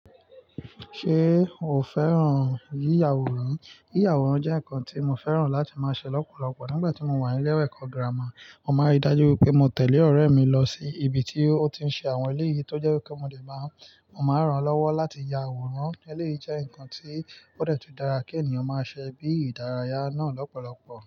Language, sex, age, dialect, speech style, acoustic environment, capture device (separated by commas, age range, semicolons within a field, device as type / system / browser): Yoruba, male, 25-34, Nigeria - Nigerian Standard, relaxed, nature, mobile / Linux / Chrome